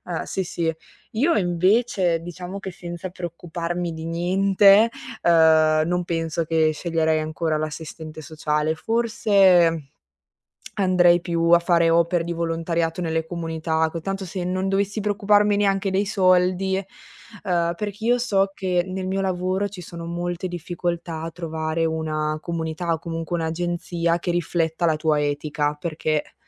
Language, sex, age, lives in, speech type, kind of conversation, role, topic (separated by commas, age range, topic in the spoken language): Italian, female, 20-24, Italy, conversation, unstructured, none, Come ti immagini la tua carriera tra cinque anni?